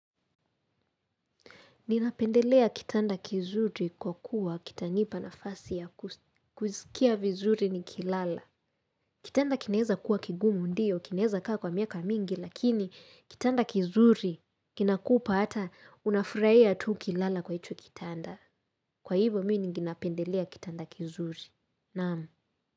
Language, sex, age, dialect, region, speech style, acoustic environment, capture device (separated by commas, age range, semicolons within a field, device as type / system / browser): Swahili, female, 18-24, Kenya - Nairobi Sheng-influenced, Kenya, happy; excited; relaxed, silence, mobile / Linux / Chrome